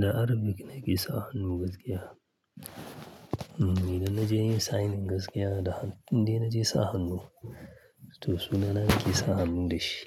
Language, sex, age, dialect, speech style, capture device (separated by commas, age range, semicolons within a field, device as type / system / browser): Hausa, male, 35-44, Nigeria - Kano, happy, mobile / Linux / Chrome